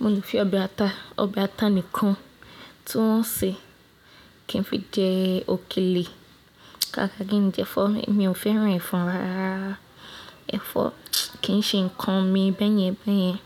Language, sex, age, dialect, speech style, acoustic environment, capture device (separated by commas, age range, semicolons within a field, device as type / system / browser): Yoruba, female, 25-34, Nigeria - Lagos Yoruba, happy, people talking, mobile / Linux / Chrome